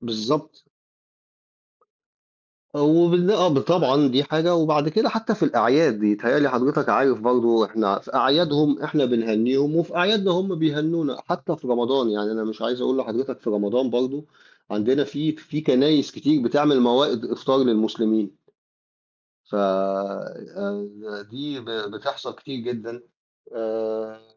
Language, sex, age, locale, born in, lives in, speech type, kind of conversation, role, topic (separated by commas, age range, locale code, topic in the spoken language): Arabic, male, 50-54, ar-EG, Egypt, Portugal, conversation, unstructured, none, هل شايف إن الدين ممكن يكون سبب في التفرقة؟